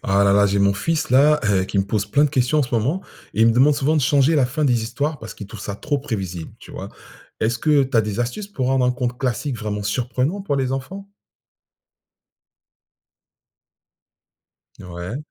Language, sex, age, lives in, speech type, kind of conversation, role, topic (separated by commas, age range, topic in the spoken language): French, male, 45-49, France, read, expert-assistant, asker, Comment pourrais-tu réinventer une histoire classique pour la rendre surprenante aujourd’hui ?